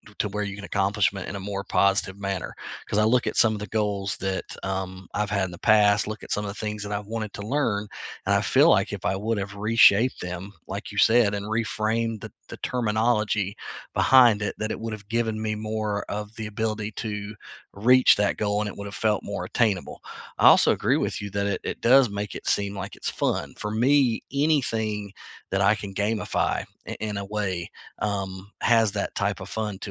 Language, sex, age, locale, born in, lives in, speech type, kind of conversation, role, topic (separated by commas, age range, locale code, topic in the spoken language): English, male, 40-44, en-US, United States, United States, conversation, unstructured, none, What is something new you learned this month, and how has it shown up in your life?